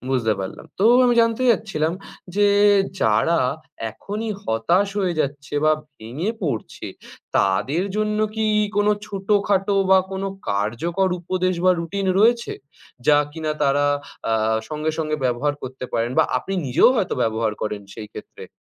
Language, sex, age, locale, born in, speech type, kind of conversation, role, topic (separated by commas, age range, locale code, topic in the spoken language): Bengali, male, 20-24, bn-IN, India, conversation, podcast, host, ব্যর্থতা থেকে আপনি সবচেয়ে বড় শিক্ষা কী পেয়েছেন?